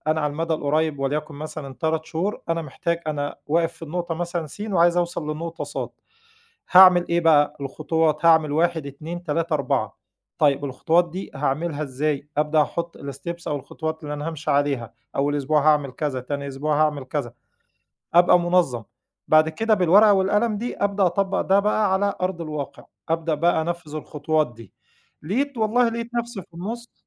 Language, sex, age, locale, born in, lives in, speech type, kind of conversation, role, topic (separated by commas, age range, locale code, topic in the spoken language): Arabic, male, 40-44, ar-EG, Egypt, Egypt, conversation, podcast, guest, إزاي تفضل متحفّز لما حماسك يروح في مشروعك؟